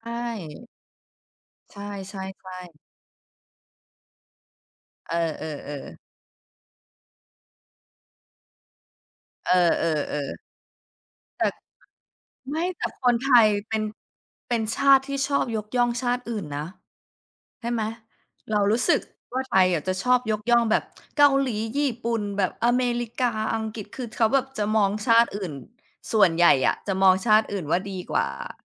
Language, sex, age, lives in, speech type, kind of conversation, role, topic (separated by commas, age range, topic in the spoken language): Thai, female, 35-39, United States, conversation, unstructured, none, คุณรู้สึกอย่างไรกับผลกระทบของการแบ่งแยกสีผิวในอดีตที่ยังส่งต่อมาถึงปัจจุบัน?